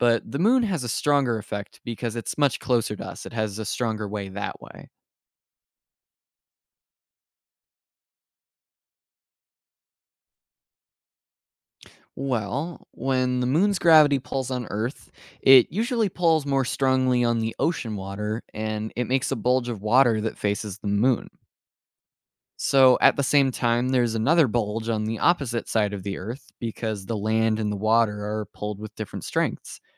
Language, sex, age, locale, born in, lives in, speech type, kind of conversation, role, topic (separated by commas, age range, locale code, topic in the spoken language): English, male, 18-19, en-US, United States, United States, read, expert-assistant, expert, What causes tides and why do they vary around the world?